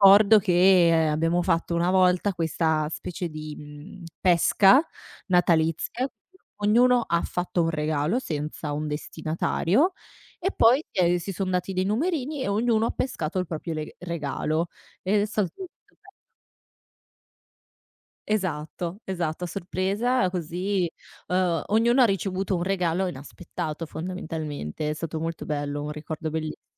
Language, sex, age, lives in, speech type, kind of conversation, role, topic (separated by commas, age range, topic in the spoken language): Italian, female, 60-64, Italy, conversation, podcast, guest, Mi racconti un ricordo legato a un pranzo di festa?